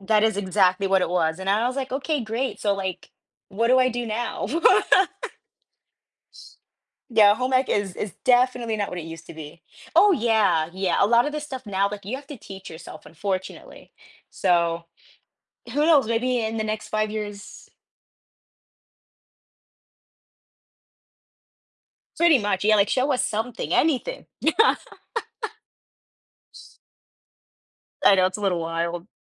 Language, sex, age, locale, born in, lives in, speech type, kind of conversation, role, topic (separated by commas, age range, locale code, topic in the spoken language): English, female, 25-29, en-US, United States, United States, conversation, podcast, host, How does the way we dress influence how we feel about ourselves?